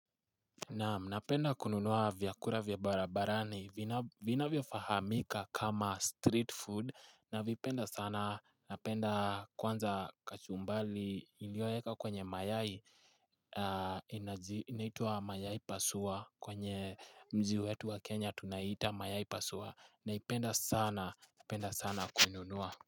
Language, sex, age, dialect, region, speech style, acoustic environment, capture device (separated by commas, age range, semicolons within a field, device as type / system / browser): Swahili, male, 18-24, Kenya - Nairobi Sheng-influenced, Kenya, relaxed, silence, mobile / Linux / Chrome